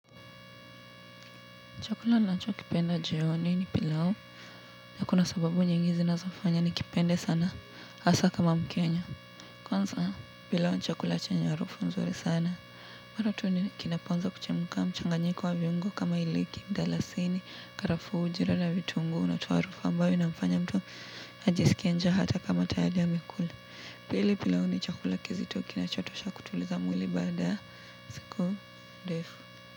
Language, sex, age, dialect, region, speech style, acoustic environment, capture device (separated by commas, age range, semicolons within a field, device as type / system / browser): Swahili, female, 18-24, Kenya - Nairobi Sheng-influenced, Kenya, relaxed, appliances; traffic, mobile / Linux / Chrome